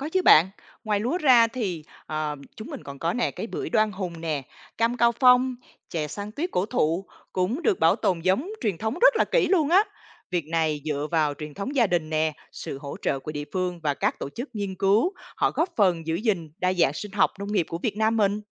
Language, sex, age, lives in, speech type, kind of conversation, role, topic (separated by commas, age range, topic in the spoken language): Vietnamese, female, 45-49, Vietnam, read, expert-assistant, expert, Vì sao người Việt thường ưu tiên giống lúa truyền thống thay vì giống mới, và điều này nói lên điều gì về văn hoá nông nghiệp của chúng ta?